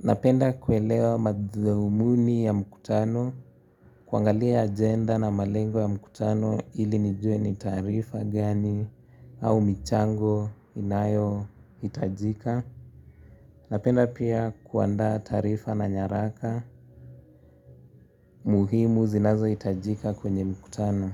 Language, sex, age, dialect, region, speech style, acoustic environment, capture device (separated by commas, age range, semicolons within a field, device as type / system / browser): Swahili, male, 18-24, Kenya - Nairobi Sheng-influenced, Kenya, happy; relaxed; focused, nature; silence; traffic; music, mobile / Linux / Chrome